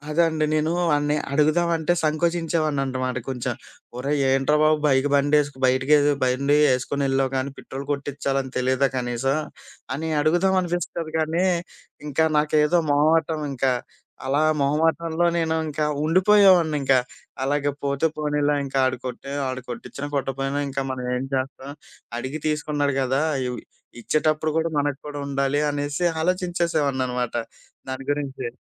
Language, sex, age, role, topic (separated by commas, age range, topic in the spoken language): Telugu, male, 25-29, guest, సహాయం అడగాల్సినప్పుడు మీకు ఎందుకు సంకోచం కలుగుతుంది?